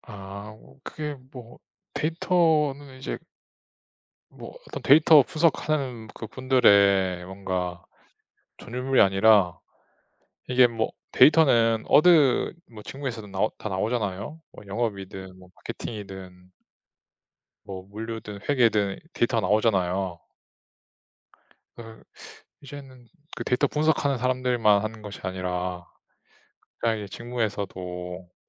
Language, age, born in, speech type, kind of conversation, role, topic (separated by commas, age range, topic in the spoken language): Korean, 30-34, South Korea, conversation, unstructured, none, 기술이 바꿔 놓은 일상의 변화 중에서 가장 놀라운 것은 무엇인가요?